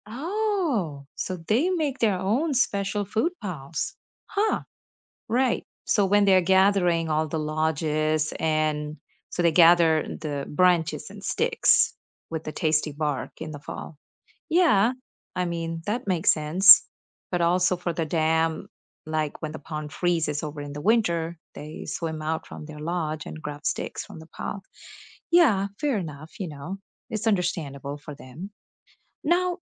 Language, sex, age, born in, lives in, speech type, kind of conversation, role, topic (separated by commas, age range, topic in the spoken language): English, female, 35-39, India, United States, read, expert-assistant, asker, How do beavers construct their dams and lodges?